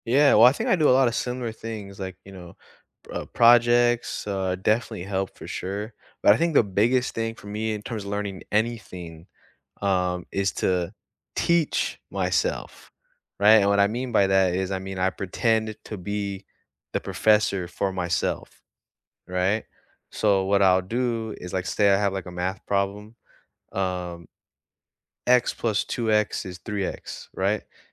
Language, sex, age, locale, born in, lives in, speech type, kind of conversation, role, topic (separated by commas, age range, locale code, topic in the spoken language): English, male, 20-24, en-US, United States, United States, conversation, unstructured, none, How do you learn best—through notes, videos, projects, or conversations—and what makes it click for you?